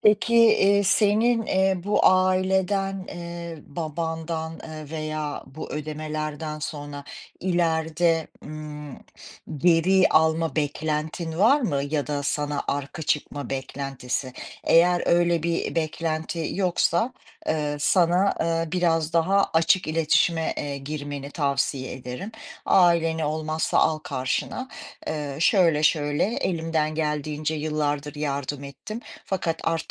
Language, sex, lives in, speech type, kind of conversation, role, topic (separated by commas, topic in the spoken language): Turkish, female, United States, conversation, advice, advisor, Yetişkin bir çocuk olarak ailenize maddi destek sağlama baskısını nasıl yaşıyorsunuz?